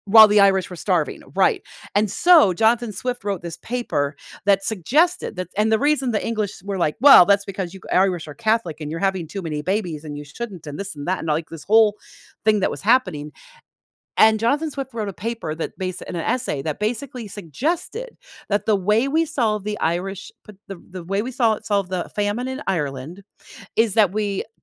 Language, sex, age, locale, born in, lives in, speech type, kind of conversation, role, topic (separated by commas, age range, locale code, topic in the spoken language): English, female, 50-54, en-US, United States, United States, conversation, podcast, guest, What qualities do you think make a teacher truly memorable and impactful?